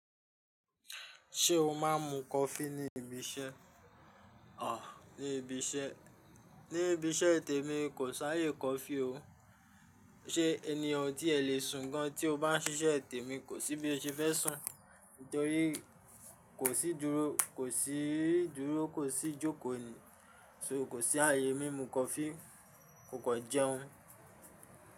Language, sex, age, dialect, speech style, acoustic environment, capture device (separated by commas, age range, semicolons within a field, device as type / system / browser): Yoruba, male, 25-34, Nigeria - Ijebu, stressed, construction, mobile / Linux / Chrome